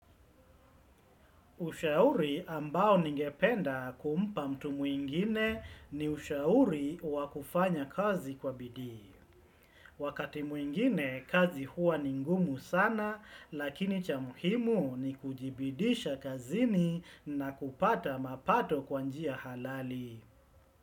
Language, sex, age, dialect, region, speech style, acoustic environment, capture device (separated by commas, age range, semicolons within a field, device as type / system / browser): Swahili, male, 35-44, Kenya - Mombasa, Kenya, relaxed, silence, desktop / Windows / Chrome